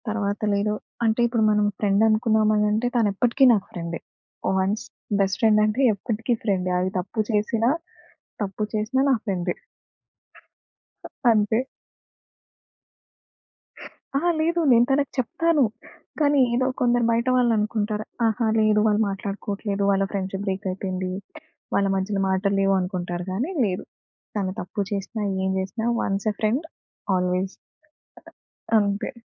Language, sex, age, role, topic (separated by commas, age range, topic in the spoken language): Telugu, female, 25-29, guest, స్నేహితులతో చాలా దూరం ఏర్పడితే ఆ స్నేహాన్ని ఎలా కాపాడుకుంటారు?